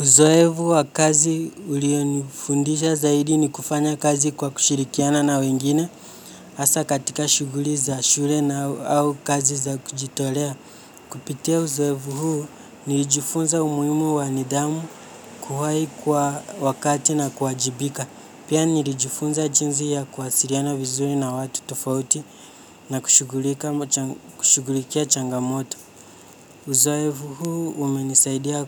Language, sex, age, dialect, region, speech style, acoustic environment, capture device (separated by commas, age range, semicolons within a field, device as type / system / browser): Swahili, male, 18-24, Kenya - Nairobi Sheng-influenced, Kenya, happy; relaxed; excited; nervous, nature; silence; traffic, mobile / Linux / Chrome